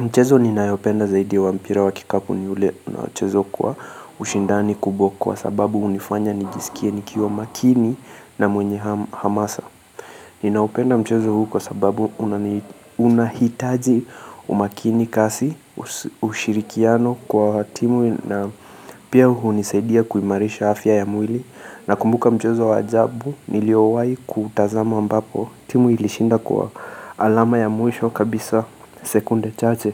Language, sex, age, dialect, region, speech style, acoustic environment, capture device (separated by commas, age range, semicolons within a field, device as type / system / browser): Swahili, male, 25-34, Kenya - Mombasa, Kenya, focused, appliances, mobile / Linux / Chrome